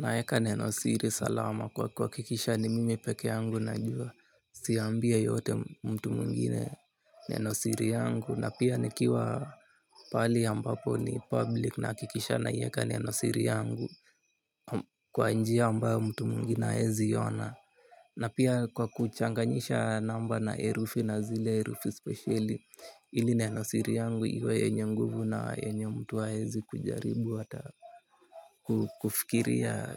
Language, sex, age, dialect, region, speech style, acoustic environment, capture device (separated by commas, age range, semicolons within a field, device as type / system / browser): Swahili, male, 18-24, Kenya - Nairobi Sheng-influenced, Kenya, relaxed, nature, mobile / Linux / Chrome